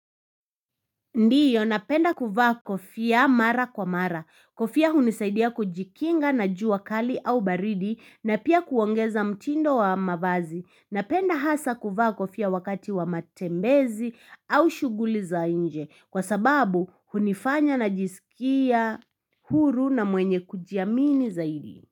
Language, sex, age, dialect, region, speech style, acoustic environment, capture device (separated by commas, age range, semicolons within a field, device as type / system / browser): Swahili, female, 25-34, Kenya - Nairobi Sheng-influenced, Kenya, happy, people talking, mobile / Linux / Chrome